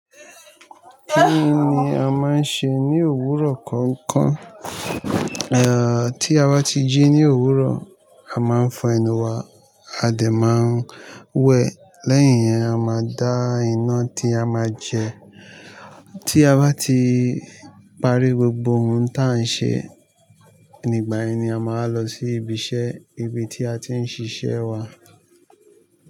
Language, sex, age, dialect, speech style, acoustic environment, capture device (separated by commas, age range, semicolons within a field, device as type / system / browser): Yoruba, male, 25-34, Nigeria - Lagos Yoruba, nervous; excited; anxious; relaxed, nature, mobile / Linux / Chrome